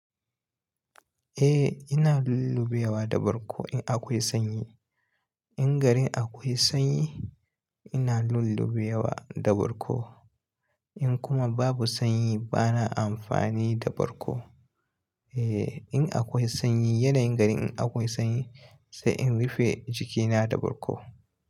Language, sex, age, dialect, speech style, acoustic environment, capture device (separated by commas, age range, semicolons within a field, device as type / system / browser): Hausa, male, 25-34, Nigeria - Kano, relaxed, silence, mobile / Linux / Chrome